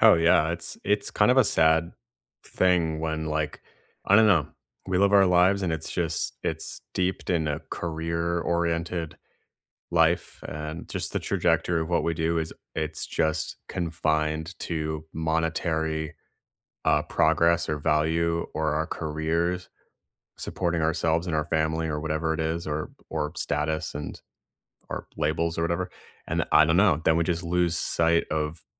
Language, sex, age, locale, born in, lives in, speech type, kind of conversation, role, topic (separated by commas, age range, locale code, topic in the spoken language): English, male, 30-34, en-US, United States, United States, conversation, unstructured, none, If you had more free time each week, what would you add to feel calmer and happier?